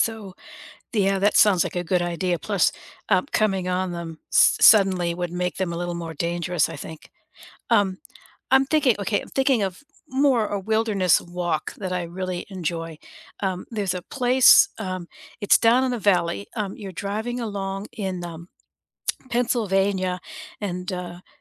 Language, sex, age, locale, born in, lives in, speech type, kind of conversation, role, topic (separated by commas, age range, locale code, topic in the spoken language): English, female, 60-64, en-US, United States, United States, conversation, unstructured, none, Which walkable neighborhood do you love exploring, and what makes it feel special to you?